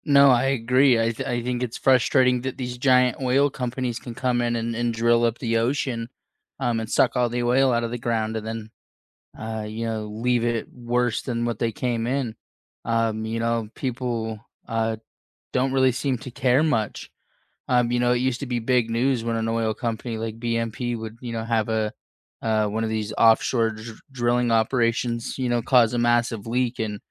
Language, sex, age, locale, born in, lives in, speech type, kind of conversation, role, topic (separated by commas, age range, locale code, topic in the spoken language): English, male, 25-29, en-US, United States, United States, conversation, unstructured, none, What makes you most angry about oil spills in the ocean?